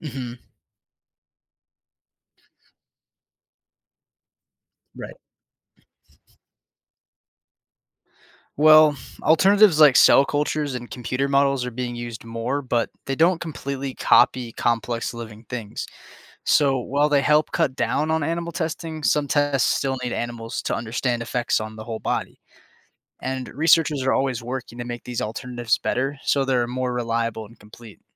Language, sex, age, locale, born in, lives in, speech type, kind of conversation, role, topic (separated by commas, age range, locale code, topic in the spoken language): English, male, 20-24, en-US, United States, United States, read, expert-assistant, expert, What are the main ethical issues with animal testing?